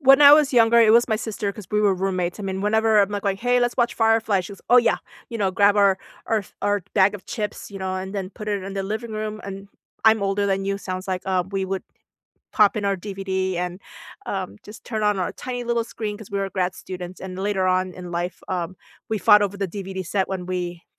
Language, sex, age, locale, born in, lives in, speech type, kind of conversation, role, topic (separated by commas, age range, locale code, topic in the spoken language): English, female, 45-49, en-US, South Korea, United States, conversation, unstructured, none, Which comfort TV shows do you rewatch on repeat, and what memories or feelings keep you coming back?